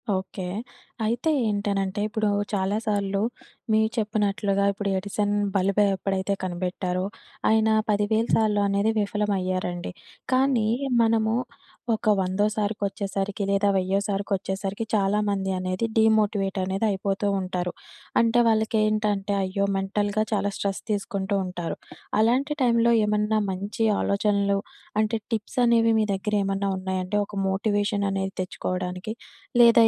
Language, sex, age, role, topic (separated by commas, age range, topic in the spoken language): Telugu, female, 25-29, host, ప్రయత్నం విఫలమైనప్పుడు మళ్లీ ప్రయత్నించడానికి మీరు ప్రేరణను ఎలా పొందుతారు?